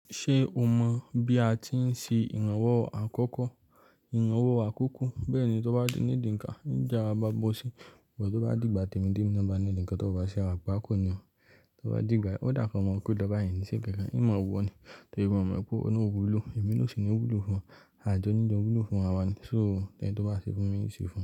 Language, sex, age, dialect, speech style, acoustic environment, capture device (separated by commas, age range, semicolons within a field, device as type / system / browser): Yoruba, male, 18-24, Nigeria - Lagos Yoruba, focused, silence, mobile / Linux / Chrome